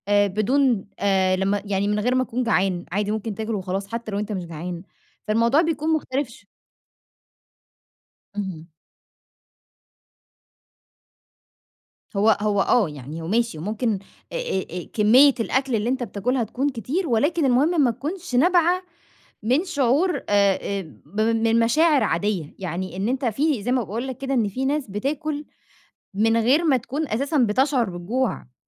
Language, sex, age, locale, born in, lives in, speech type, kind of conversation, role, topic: Arabic, female, 25-29, ar-EG, Egypt, Egypt, conversation, advice, advisor, إزاي أتحكم في رغبات الأكل المفاجئة خلال اليوم؟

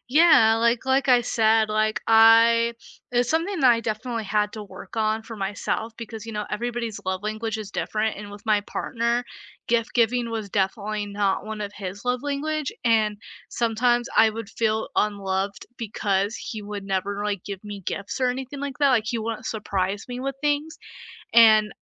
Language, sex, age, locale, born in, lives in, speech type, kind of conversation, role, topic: English, female, 20-24, en-US, United States, United States, conversation, unstructured, none, How do love languages actually work for you?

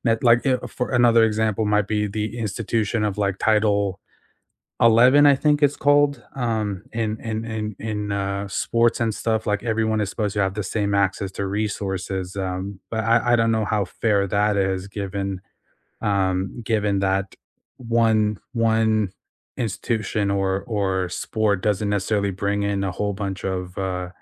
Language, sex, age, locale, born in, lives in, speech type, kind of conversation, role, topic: English, male, 25-29, en-US, United States, United States, conversation, unstructured, none, How do you feel about the treatment of female athletes compared to male athletes?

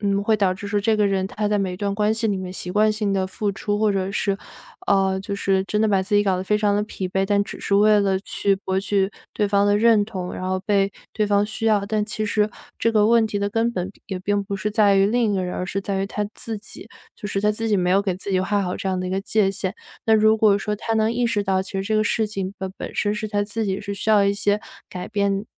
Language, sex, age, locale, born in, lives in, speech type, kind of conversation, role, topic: Chinese, female, 25-29, zh-CN, China, United States, conversation, podcast, guest, 你怎么看待“付出不对等”这个问题？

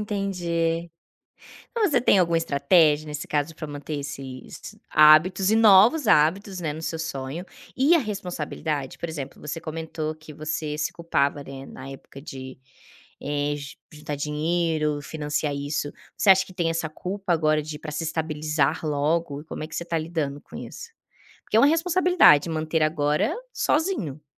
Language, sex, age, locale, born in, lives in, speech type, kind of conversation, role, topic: Portuguese, female, 25-29, pt-BR, Brazil, Spain, conversation, podcast, host, Como você equilibra seus sonhos e suas responsabilidades?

